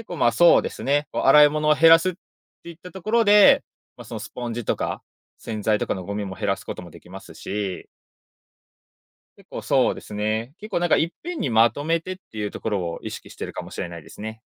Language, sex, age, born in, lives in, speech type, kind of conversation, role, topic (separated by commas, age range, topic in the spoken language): Japanese, male, 25-29, Japan, Japan, conversation, podcast, guest, 買い物でゴミを減らすためのコツはありますか？